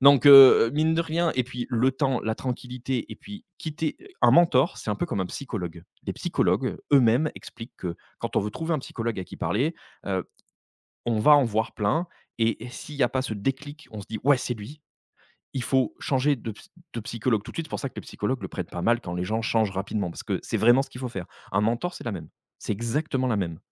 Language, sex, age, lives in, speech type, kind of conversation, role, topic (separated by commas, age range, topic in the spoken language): French, male, 35-39, France, conversation, podcast, guest, Comment repérer un mentor qui n’est pas bon pour vous ?